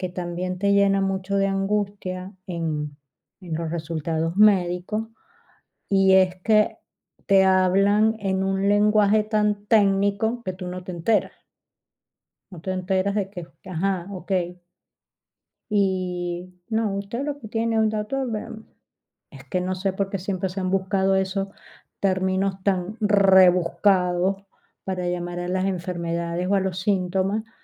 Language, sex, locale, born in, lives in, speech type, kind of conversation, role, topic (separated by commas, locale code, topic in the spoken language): Spanish, female, es-VE, Spain, Spain, conversation, advice, user, ¿Cómo estás llevando la espera de resultados importantes, ya sean médicos, legales o laborales?